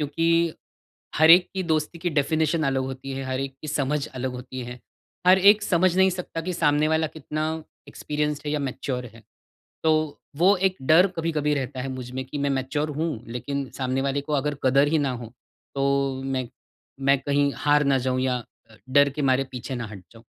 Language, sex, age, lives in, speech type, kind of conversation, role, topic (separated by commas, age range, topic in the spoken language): Hindi, male, 40-44, India, conversation, advice, user, दोस्त बनाने और रिश्ते निभाने में मुझे कठिनाई क्यों होती है?